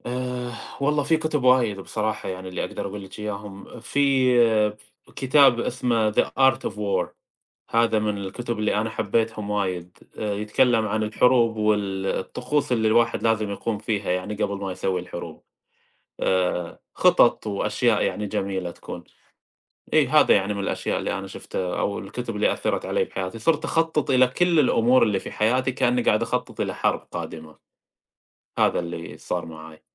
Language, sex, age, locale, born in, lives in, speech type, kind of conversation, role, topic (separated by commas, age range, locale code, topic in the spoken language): Arabic, male, 30-34, ar-SA, Saudi Arabia, United States, conversation, unstructured, none, إزاي القراية بتأثر على نموّك الشخصي؟